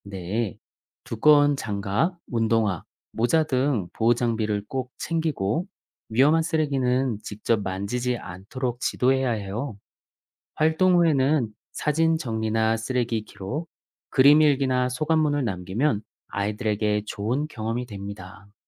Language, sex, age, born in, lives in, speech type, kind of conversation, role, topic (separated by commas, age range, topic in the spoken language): Korean, male, 40-44, South Korea, Germany, read, expert-assistant, expert, 바다 오염을 줄이기 위한 실질적인 전략을 어떻게 세울 수 있을까요?